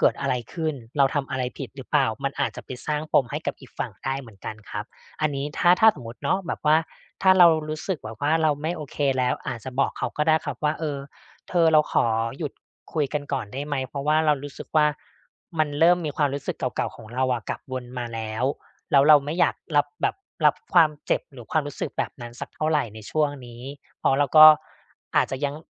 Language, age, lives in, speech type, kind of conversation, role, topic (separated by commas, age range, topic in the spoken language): Thai, 35-39, Thailand, conversation, advice, advisor, ฉันจะทำอย่างไรดีถ้ายังเจ็บปวดกับเรื่องเก่าและกลัวที่จะเริ่มความสัมพันธ์ใหม่?